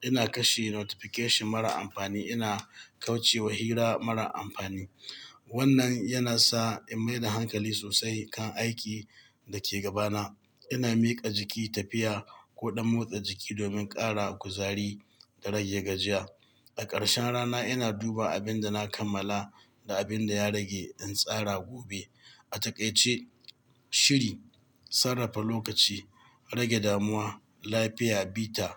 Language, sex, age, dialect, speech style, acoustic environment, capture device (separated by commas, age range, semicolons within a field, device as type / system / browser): Hausa, male, 45-54, Nigeria - Katsina, happy; excited; focused, people talking, mobile / Linux / Chrome